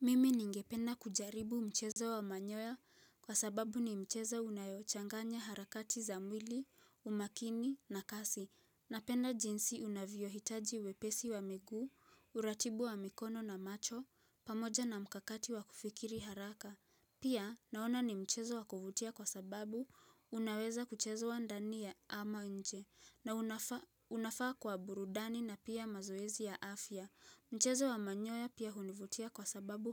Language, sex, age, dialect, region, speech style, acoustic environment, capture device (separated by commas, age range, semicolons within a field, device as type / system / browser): Swahili, female, 18-24, Kenya - Nairobi Sheng-influenced, Kenya, relaxed, silence, mobile / Linux / Chrome